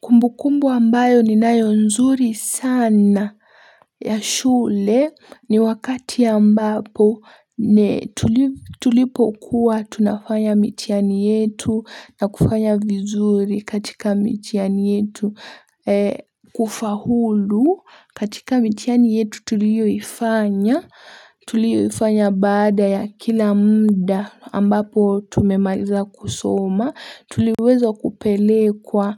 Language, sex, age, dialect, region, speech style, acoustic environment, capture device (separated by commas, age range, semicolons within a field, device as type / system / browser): Swahili, female, 18-24, Kenya - Mombasa, Kenya, happy, silence, mobile / Linux / Chrome